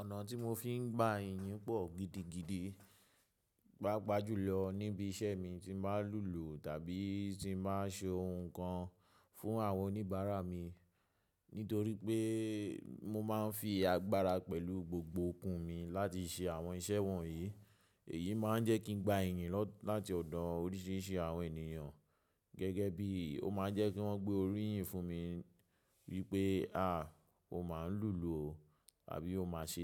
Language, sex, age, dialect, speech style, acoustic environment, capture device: Yoruba, male, 25-34, Nigeria - Lagos Yoruba, relaxed, nature, mobile / macOS / Safari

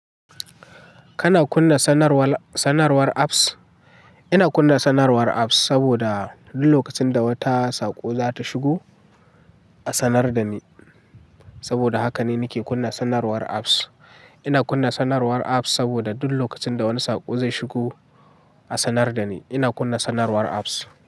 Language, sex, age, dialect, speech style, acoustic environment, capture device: Hausa, male, 18-24, Nigeria - Katsina, happy; relaxed, people talking; silence, mobile / Linux / Chrome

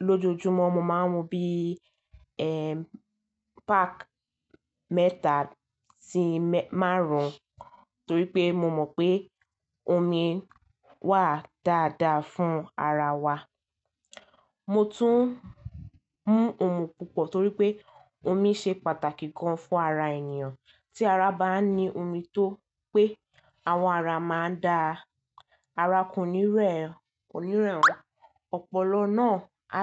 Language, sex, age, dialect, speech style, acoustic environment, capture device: Yoruba, female, 18-24, Nigeria - Lagos Yoruba, relaxed, nature, mobile / Linux / Chrome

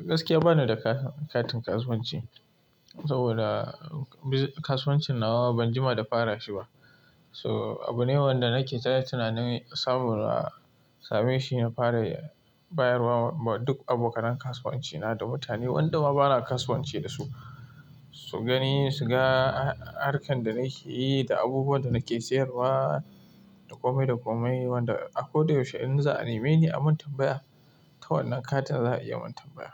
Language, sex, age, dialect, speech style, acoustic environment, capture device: Hausa, male, 25-34, Nigeria - Kano, happy, silence, mobile / Linux / Chrome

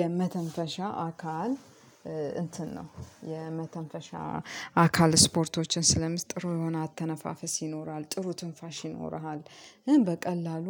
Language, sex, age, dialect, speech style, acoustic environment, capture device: Amharic, female, 35-44, Ethiopia - Addis Ababa, happy, nature, mobile / Linux / Chrome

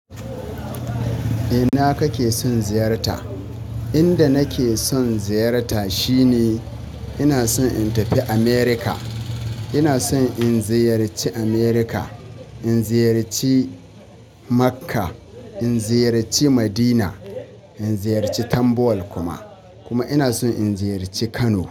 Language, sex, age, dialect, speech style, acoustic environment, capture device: Hausa, male, 25-34, Nigeria - Katsina, happy, people talking, mobile / Linux / Chrome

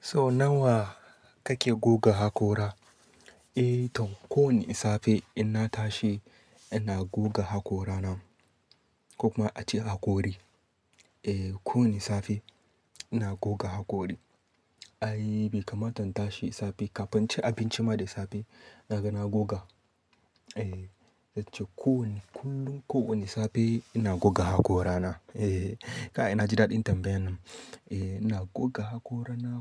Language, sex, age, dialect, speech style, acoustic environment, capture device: Hausa, male, 25-34, Nigeria - Katsina, happy; excited; relaxed, silence, desktop / Linux / Chrome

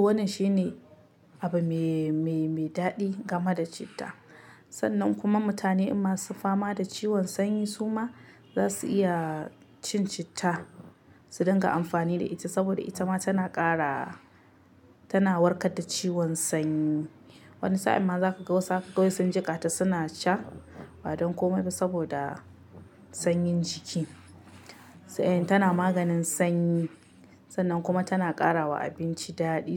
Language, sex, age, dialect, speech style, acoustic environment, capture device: Hausa, female, 25-34, Nigeria - Kano, happy, silence, mobile / Linux / Chrome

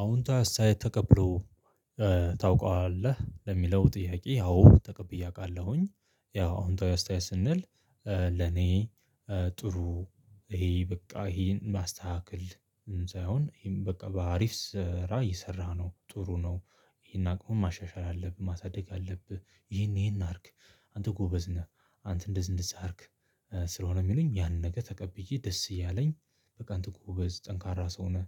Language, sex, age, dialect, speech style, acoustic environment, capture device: Amharic, male, 25-34, Ethiopia - Addis Ababa, anxious, people talking, mobile / Linux / Chrome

